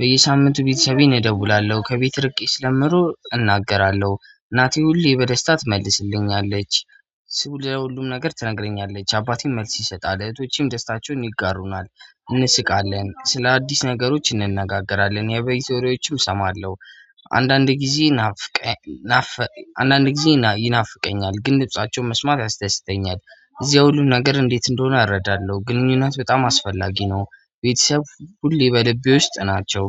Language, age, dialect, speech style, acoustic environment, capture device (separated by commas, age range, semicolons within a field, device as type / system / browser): Amharic, 25-34, Ethiopia - Addis Ababa, happy, silence, mobile / Android / Chrome